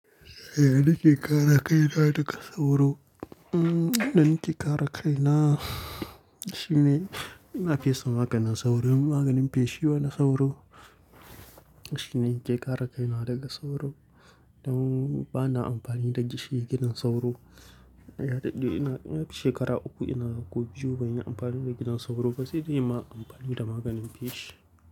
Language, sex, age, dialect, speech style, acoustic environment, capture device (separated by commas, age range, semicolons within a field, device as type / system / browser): Hausa, male, 18-24, Nigeria - Kano, relaxed, silence; nature, mobile / Linux / Chrome